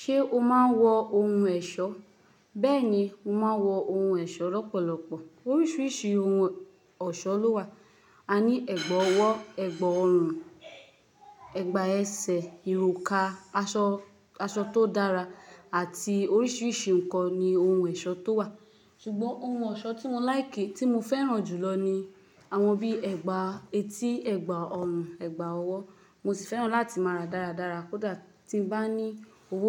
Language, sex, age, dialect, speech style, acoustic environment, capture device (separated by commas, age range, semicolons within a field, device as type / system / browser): Yoruba, female, 25-34, Nigeria - Lagos, happy, nature, mobile / Linux / Chrome